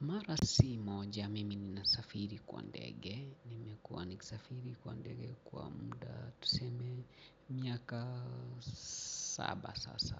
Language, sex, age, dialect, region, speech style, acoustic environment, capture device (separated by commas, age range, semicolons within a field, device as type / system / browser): Swahili, male, 45-54, Kenya - Mombasa, Kenya, relaxed, people talking; appliances, mobile / Linux / Chrome